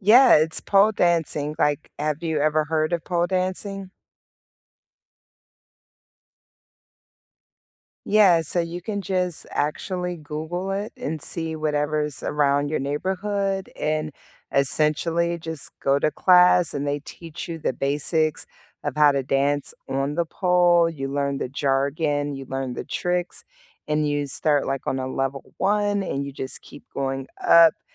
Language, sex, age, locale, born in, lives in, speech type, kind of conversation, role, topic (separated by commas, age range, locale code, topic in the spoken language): English, female, 40-44, en-US, United States, United States, conversation, unstructured, none, If you rebuilt your week from scratch, what core time blocks would you include?